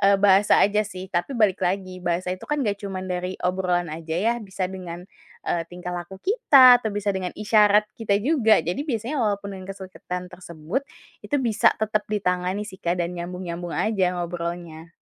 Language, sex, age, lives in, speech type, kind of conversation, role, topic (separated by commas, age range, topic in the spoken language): Indonesian, female, 20-24, Indonesia, conversation, podcast, guest, Bagaimana cara berbaur dengan warga setempat saat bepergian?